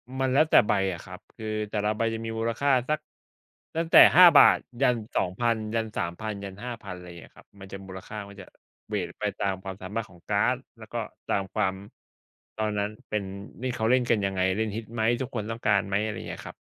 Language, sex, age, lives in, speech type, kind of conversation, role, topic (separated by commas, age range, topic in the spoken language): Thai, male, 35-39, Thailand, conversation, unstructured, none, ถ้ามีคนบอกว่างานอดิเรกเป็นเรื่องเสียเวลา คุณจะตอบอย่างไร?